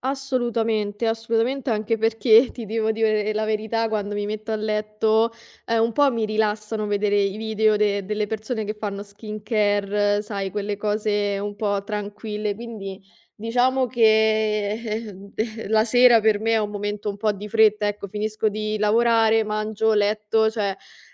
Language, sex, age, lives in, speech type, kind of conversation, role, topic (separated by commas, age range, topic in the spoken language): Italian, female, 25-29, Italy, conversation, advice, user, Quali difficoltà incontri nel riuscire a stabilire una routine serale rilassante prima di dormire?